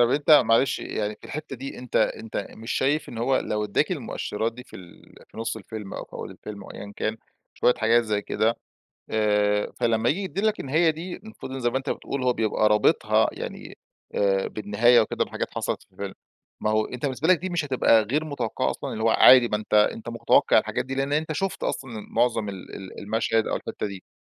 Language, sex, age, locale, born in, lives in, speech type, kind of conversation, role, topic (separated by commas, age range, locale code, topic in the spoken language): Arabic, male, 35-39, ar-EG, Egypt, Egypt, conversation, podcast, host, إيه اللي بيخلّي نهاية فيلم تبقى مُرضية؟